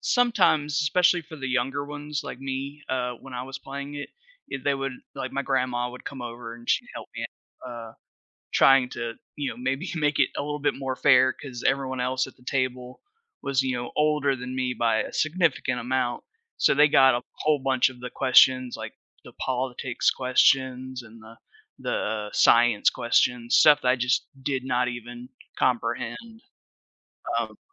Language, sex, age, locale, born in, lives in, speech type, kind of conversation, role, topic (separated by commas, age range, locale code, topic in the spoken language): English, male, 30-34, en-US, United States, United States, conversation, podcast, guest, Why do board games remain a popular way for people to connect and interact?